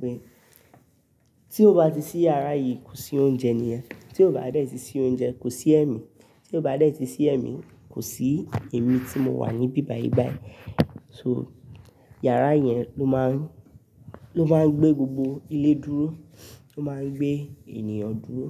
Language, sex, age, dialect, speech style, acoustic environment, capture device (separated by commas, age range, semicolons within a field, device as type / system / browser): Yoruba, male, 18-24, Nigeria - Nigerian Standard, stressed; tired, people talking; nature, mobile / Linux / Chrome